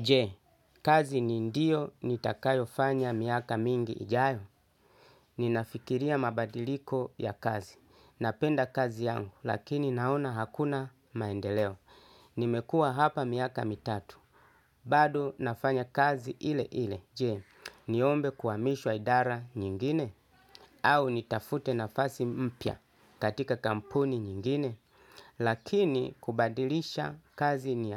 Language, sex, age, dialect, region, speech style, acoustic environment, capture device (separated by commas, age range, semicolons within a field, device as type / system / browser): Swahili, male, 35-44, Kenya - Mombasa, Kenya, relaxed, people talking, desktop / Linux / Chrome